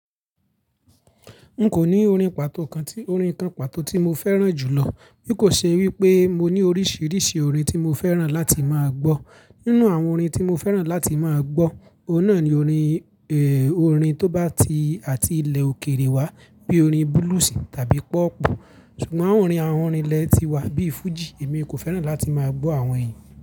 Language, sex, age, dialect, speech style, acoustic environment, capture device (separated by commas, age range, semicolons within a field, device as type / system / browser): Yoruba, male, 25-34, Nigeria - Ibadan, relaxed; focused, silence; traffic, mobile / Linux / Chrome